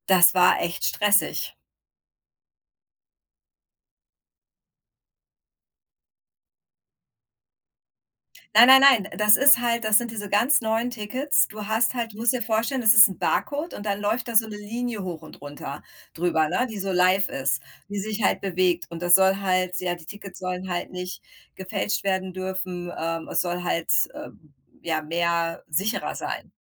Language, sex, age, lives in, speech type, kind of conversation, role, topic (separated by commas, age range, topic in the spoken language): German, female, 40-44, United States, conversation, unstructured, none, Was ärgert dich an den Ticketpreisen für Konzerte?